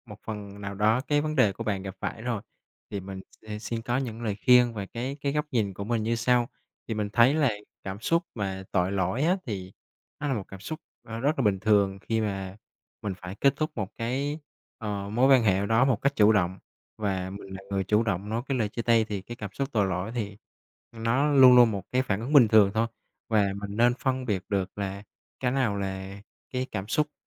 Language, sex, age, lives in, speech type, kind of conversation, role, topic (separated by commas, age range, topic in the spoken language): Vietnamese, male, 25-29, Vietnam, conversation, advice, advisor, Làm sao để vượt qua cảm giác tội lỗi khi bạn chủ động chia tay và tự an ủi bản thân?